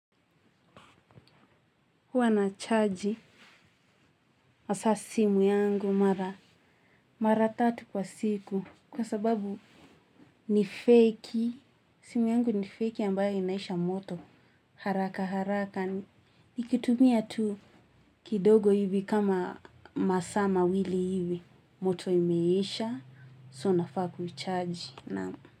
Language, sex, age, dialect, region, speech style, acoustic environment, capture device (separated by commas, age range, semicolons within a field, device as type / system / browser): Swahili, female, 18-24, Kenya - Nairobi Sheng-influenced, Kenya, happy; anxious; tired, people talking; music; nature, mobile / Linux / Chrome